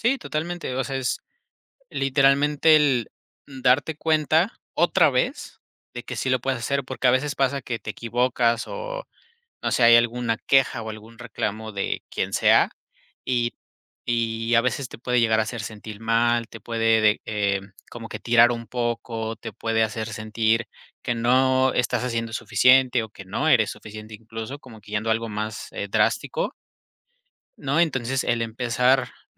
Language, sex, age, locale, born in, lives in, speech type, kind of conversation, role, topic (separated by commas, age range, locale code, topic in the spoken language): Spanish, male, 25-29, es-MX, Mexico, Mexico, conversation, podcast, guest, ¿Cómo mantienes la motivación en los días difíciles?